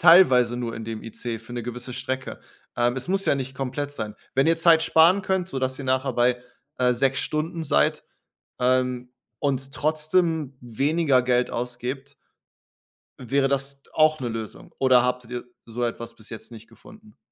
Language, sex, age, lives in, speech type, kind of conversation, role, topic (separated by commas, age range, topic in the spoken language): German, male, 30-34, Germany, conversation, advice, advisor, Wie kann ich nach einer Reise oder bei Jetlag wieder normal schlafen?